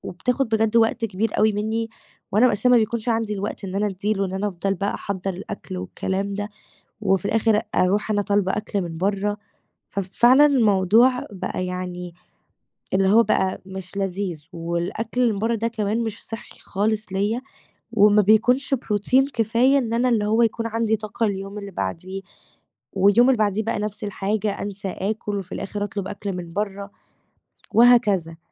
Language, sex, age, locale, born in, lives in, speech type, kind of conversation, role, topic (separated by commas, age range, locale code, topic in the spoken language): Arabic, female, 18-19, ar-EG, Egypt, Greece, conversation, advice, user, إزاي أبدأ أختار وصفات سريعة ومغذية تناسب الأيام المزدحمة؟